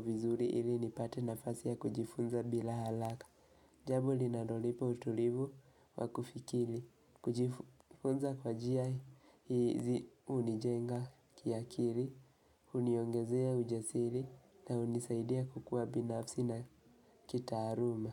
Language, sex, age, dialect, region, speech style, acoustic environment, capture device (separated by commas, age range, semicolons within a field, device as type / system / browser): Swahili, male, 18-24, Kenya - Nairobi Sheng-influenced, Kenya, relaxed, nature, mobile / Linux / Chrome